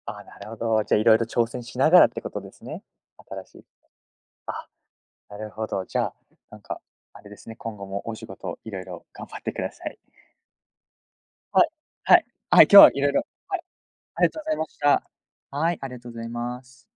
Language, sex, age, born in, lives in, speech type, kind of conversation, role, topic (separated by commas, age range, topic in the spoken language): Japanese, male, 20-24, United States, Japan, conversation, podcast, host, 仕事を変えるとき、何を一番重視しますか？